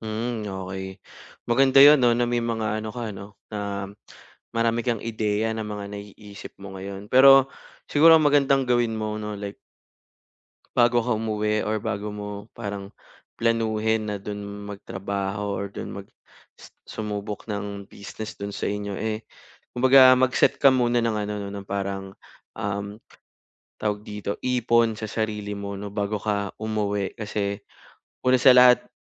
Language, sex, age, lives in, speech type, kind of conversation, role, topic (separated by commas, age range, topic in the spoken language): Filipino, male, 25-29, Philippines, conversation, advice, advisor, Paano ko mapagtatagumpayan ang takot sa pagbabago kapag sumusubok ako ng bagong libangan?